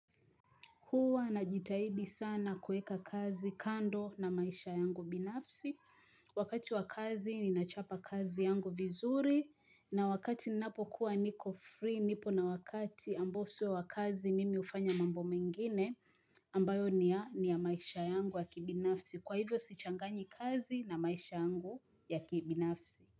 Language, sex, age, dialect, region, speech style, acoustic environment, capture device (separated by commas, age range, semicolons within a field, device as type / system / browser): Swahili, female, 25-34, Kenya - Mombasa, Kenya, happy, people talking; nature, mobile / Linux / Chrome